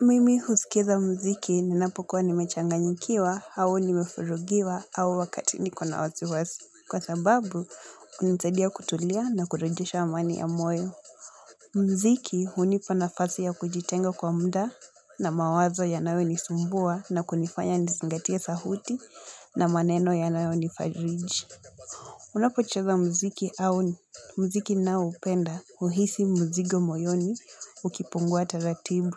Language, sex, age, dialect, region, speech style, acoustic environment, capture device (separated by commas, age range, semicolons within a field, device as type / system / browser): Swahili, female, 18-24, Kenya - Mombasa, Kenya, relaxed, nature, mobile / Linux / Chrome